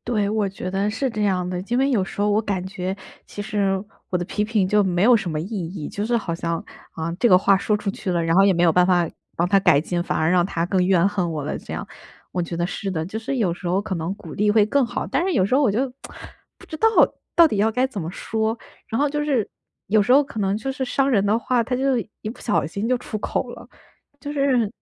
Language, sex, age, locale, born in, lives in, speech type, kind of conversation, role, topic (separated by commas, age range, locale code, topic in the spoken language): Chinese, female, 25-29, zh-CN, China, United States, conversation, advice, user, 怎样让别人更容易接受我的批评？